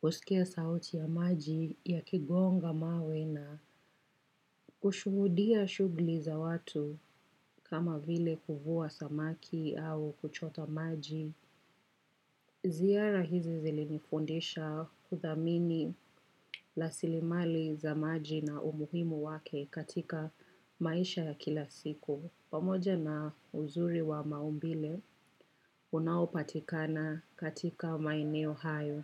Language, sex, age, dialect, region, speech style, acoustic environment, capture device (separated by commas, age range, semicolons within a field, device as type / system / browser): Swahili, female, 25-34, Kenya - Nairobi Sheng-influenced, Kenya, relaxed, nature, desktop / macOS / Chrome